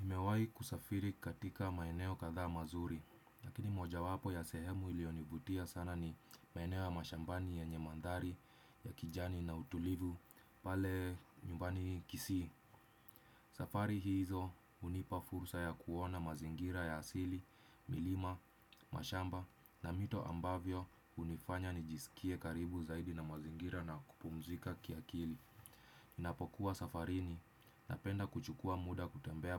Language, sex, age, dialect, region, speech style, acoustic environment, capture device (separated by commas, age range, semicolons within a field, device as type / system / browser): Swahili, male, 25-34, Kenya - Nairobi Sheng-influenced, Kenya, stressed; relaxed, silence, desktop / Windows / Chrome